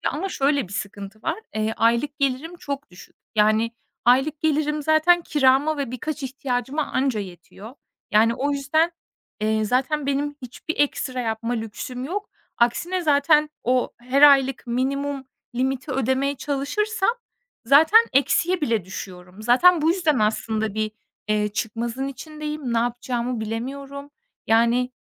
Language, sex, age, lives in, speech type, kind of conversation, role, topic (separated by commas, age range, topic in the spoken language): Turkish, female, 25-29, Estonia, conversation, advice, user, Kredi kartı borcunuz nasıl birikti ve bu durum size nasıl bir utanç duygusu yaşattı?